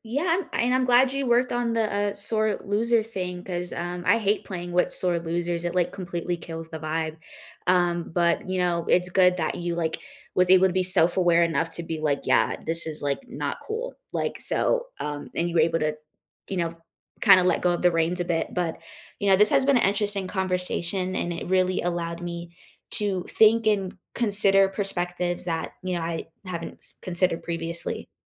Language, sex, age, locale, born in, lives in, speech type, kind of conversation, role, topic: English, female, 20-24, en-US, United States, United States, conversation, unstructured, none, What hobby would you take up if you had unlimited time and money?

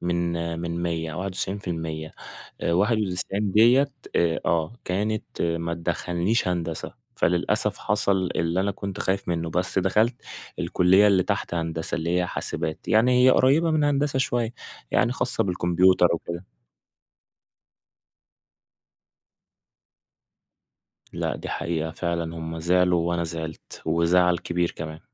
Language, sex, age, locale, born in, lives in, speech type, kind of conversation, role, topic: Arabic, male, 20-24, ar-EG, Egypt, Egypt, conversation, podcast, guest, إزاي بتشوف تأثير الضغط النفسي على أداء الطلبة؟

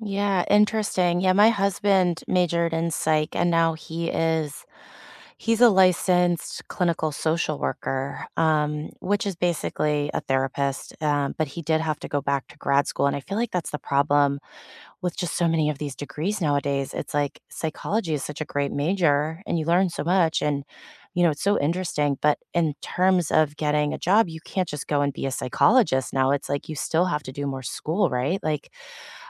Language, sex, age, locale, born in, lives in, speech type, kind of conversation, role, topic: English, female, 35-39, en-US, United States, United States, conversation, unstructured, none, What unexpected career detours opened doors for you, and what did you learn along the way?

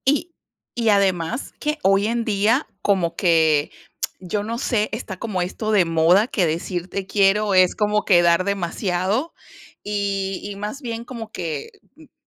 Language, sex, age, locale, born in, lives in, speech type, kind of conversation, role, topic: Spanish, female, 40-44, es-VE, Venezuela, United States, conversation, unstructured, none, ¿Cuándo es un buen momento para decir "te quiero"?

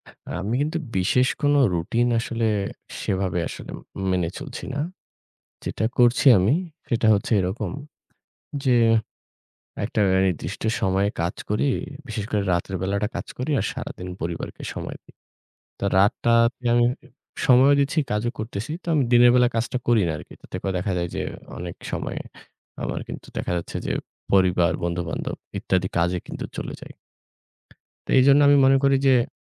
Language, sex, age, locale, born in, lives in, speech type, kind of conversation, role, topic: Bengali, male, 25-29, bn-BD, Bangladesh, Bangladesh, conversation, unstructured, none, আপনি কীভাবে কাজ ও ব্যক্তিগত জীবনের মধ্যে ভারসাম্য বজায় রাখেন?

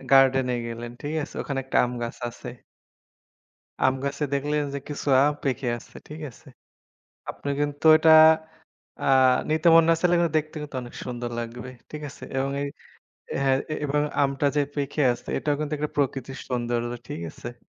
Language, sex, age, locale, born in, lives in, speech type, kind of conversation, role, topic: Bengali, male, 25-29, bn-BD, Bangladesh, Bangladesh, conversation, unstructured, none, প্রকৃতির সৌন্দর্য আমরা কীভাবে উপভোগ করতে পারি?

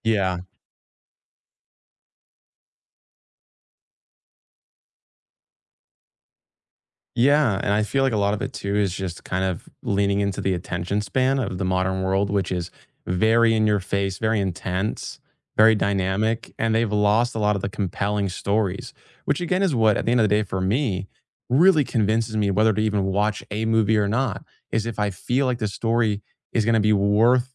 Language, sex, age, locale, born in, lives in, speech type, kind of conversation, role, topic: English, male, 20-24, en-US, United States, United States, conversation, unstructured, none, Do you prefer streaming at home or a night out at the theater?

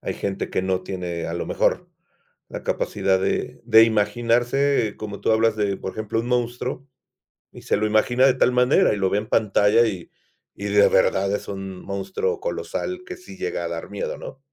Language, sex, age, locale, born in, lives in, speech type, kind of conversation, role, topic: Spanish, male, 50-54, es-MX, Mexico, Mexico, conversation, podcast, host, ¿Por qué importa la representación en las películas y las series?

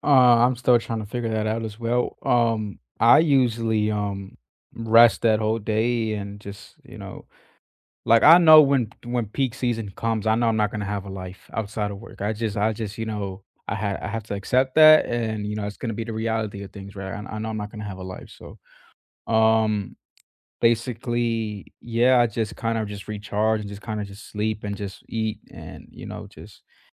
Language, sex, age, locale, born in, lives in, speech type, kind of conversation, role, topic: English, male, 20-24, en-US, United States, United States, conversation, unstructured, none, What personal rituals help you recharge after a long, draining day, and why do they work?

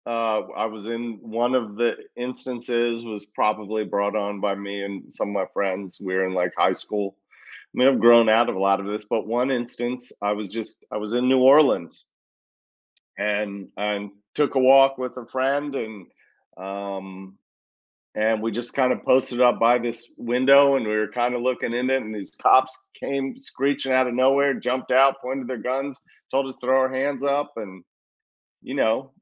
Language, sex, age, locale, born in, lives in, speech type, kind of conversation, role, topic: English, male, 50-54, en-US, United States, United States, conversation, unstructured, none, How do you decide when to challenge yourself versus staying in your comfort zone?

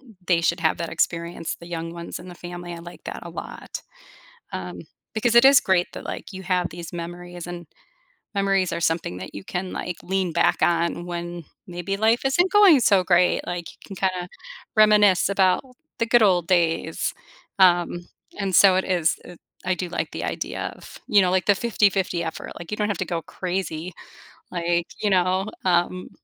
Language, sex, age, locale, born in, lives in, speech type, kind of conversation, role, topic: English, female, 45-49, en-US, United States, United States, conversation, advice, advisor, How can i recreate or adapt family traditions i miss to feel more connected?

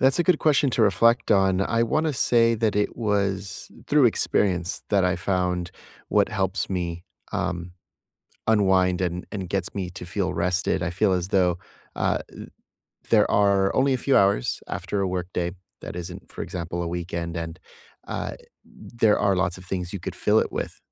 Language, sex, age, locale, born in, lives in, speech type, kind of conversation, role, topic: English, male, 30-34, en-US, United States, United States, conversation, unstructured, none, How do you unwind and recharge after a busy workday?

